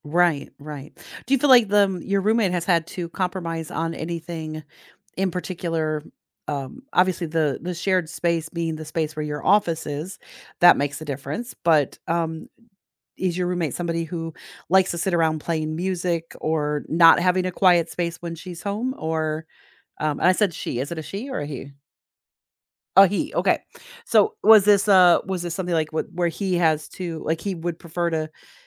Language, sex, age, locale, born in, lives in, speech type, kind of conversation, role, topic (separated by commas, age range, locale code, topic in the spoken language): English, female, 50-54, en-US, United States, United States, conversation, podcast, host, How can finding common ground help resolve conflicts in everyday life?